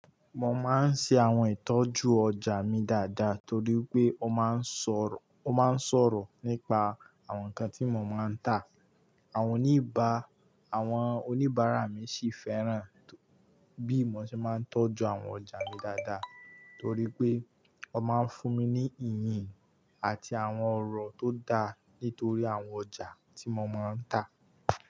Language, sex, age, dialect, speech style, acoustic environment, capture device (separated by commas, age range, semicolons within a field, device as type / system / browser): Yoruba, male, 18-24, Nigeria - Kano, relaxed, nature, mobile / Linux / Chrome